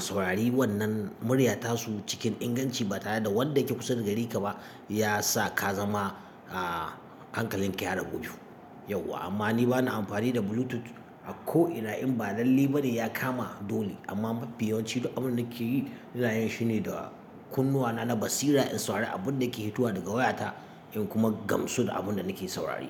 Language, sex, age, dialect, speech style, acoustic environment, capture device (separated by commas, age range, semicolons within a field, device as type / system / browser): Hausa, male, 35-44, Nigeria - Katsina, happy; focused; excited; relaxed, silence, mobile / Linux / Chrome